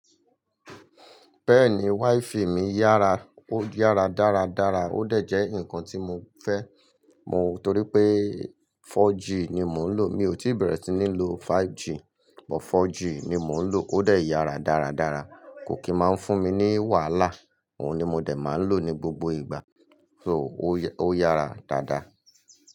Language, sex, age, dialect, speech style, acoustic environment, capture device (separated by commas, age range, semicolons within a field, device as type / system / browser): Yoruba, male, 35-44, Nigeria - Lagos Yoruba, happy; excited, people talking, mobile / Linux / Chrome